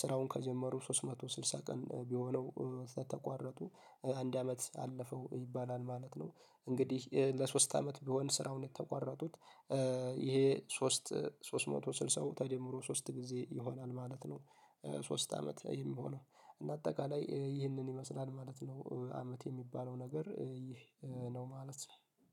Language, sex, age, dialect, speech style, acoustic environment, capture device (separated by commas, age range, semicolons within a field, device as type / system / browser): Amharic, male, 18-24, Ethiopia - Addis Ababa, happy; focused, nature; music, mobile / Linux / Chrome